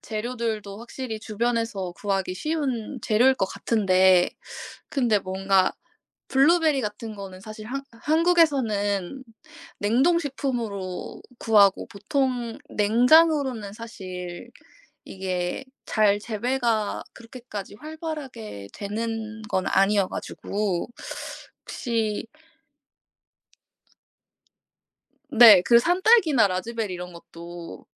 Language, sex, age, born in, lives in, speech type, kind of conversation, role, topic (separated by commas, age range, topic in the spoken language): Korean, female, 25-29, South Korea, South Korea, conversation, unstructured, none, 아침 식사와 저녁 식사 중 어느 식사가 더 중요하다고 생각하시나요?